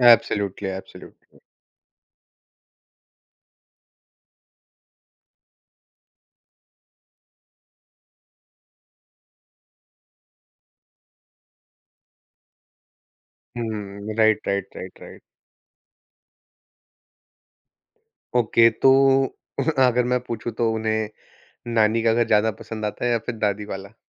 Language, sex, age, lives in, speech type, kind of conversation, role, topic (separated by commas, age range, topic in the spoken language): Hindi, male, 25-29, India, conversation, podcast, host, Tum apni roots apne bachchon ko kaise samjhate ho, aur 1–2 examples de sakte ho?